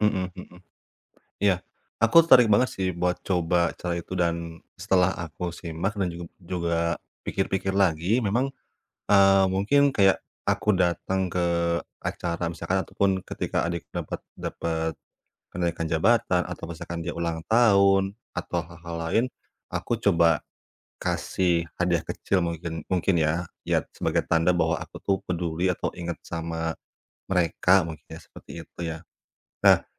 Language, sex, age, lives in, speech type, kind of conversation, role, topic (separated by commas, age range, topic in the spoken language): Indonesian, male, 30-34, Indonesia, conversation, advice, user, Bagaimana cara memperbaiki komunikasi antara saya dan saudara saya?